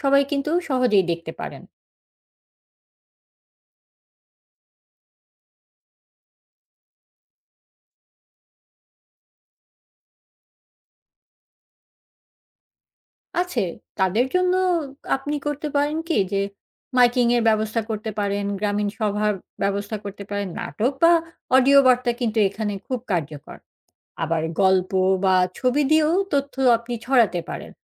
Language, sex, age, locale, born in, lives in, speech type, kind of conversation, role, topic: Bengali, female, 40-44, bn-BD, Bangladesh, Finland, read, expert-assistant, expert, খামারের পশু নিয়ে পাওয়া তথ্য আসলে কতটা নির্ভরযোগ্য, সেটা যাচাই করার সহজ উপায় কী?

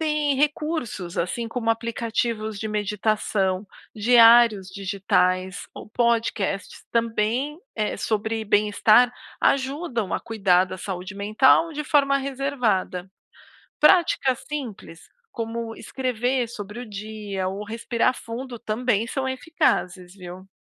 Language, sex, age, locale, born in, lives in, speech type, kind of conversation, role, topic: Portuguese, female, 40-44, pt-BR, Brazil, United States, read, expert-assistant, expert, Quais são as melhores formas de aprender a cuidar da saúde mental no dia a dia?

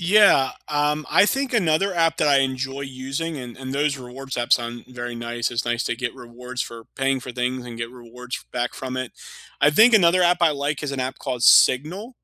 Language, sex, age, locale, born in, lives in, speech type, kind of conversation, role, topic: English, male, 30-34, en-US, United States, United States, conversation, unstructured, none, Which underrated app on your phone quietly makes your day better, and why do you love it?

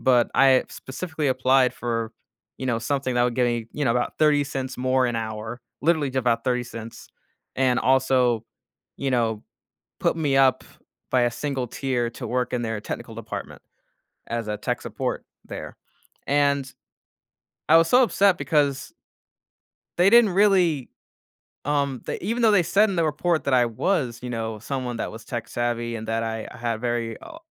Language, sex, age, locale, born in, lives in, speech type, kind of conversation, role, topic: English, male, 25-29, en-US, United States, United States, conversation, podcast, guest, What experiences and influences shape our sense of identity over time?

